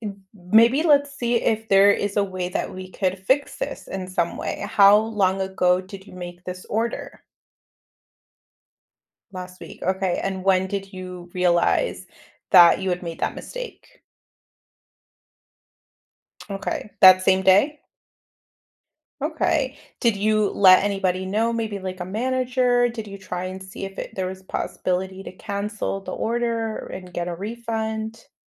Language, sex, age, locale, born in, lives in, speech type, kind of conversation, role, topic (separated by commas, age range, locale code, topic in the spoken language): English, female, 30-34, en-US, United States, United States, conversation, advice, advisor, How can I rebuild my confidence and move forward after a major mistake?